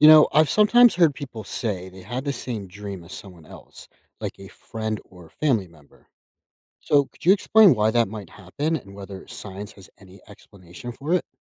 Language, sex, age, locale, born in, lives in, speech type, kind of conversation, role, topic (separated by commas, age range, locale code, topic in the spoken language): English, male, 30-34, en-US, United States, United States, read, expert-assistant, asker, How do different cultures interpret dream meanings?